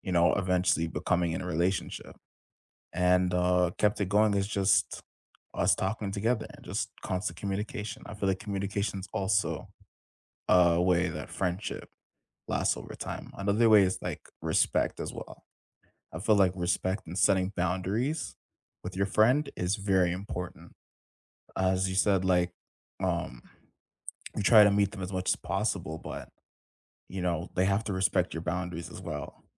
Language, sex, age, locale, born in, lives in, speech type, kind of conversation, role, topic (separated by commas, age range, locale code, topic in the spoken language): English, male, 20-24, en-CA, Canada, Canada, conversation, unstructured, none, What makes a friendship last over time?